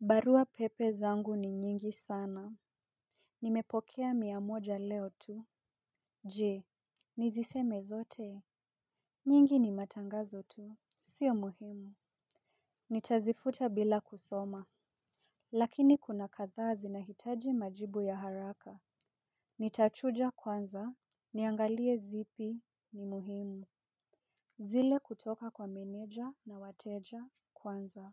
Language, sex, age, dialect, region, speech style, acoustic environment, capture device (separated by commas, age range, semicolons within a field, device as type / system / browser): Swahili, female, 25-34, Kenya - Nairobi Sheng-influenced, Kenya, relaxed; focused, silence; people talking; nature; appliances, mobile / Linux / Chrome